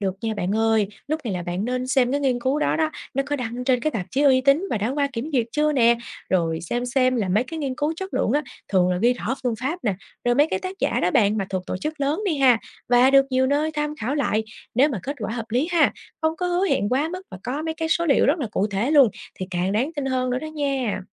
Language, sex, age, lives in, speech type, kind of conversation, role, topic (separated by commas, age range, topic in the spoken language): Vietnamese, female, 25-29, Vietnam, read, expert-assistant, expert, Làm sao biết thông tin về sức khỏe tinh thần trên mạng có đáng tin không?